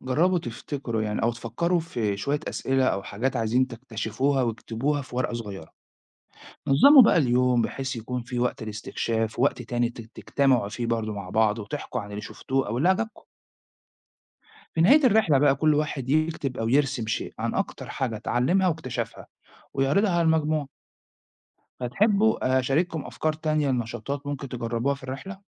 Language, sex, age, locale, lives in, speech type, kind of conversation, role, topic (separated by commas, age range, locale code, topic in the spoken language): Arabic, male, 40-44, ar-EG, Italy, read, expert-assistant, expert, كيف يمكن للرحلات العلمية أن تعزز حب الاستكشاف؟